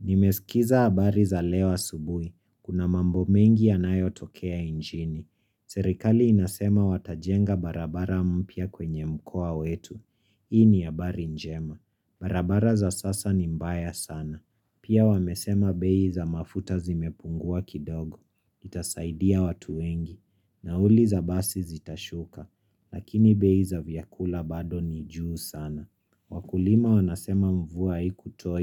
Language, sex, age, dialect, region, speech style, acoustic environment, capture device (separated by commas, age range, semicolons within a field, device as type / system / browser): Swahili, female, 25-34, Kenya - Nairobi Sheng-influenced, Kenya, happy; tired; relaxed; excited, silence, mobile / Linux / Chrome